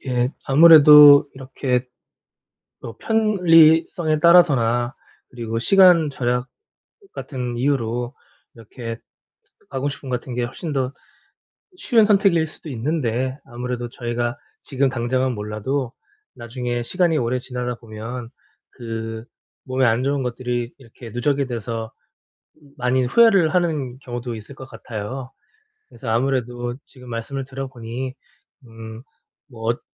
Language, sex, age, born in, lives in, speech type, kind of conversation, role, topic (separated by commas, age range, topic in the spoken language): Korean, male, 40-44, South Korea, South Korea, conversation, advice, advisor, 가공식품 섭취를 줄이려면 어디서부터 시작하는 것이 좋을까요?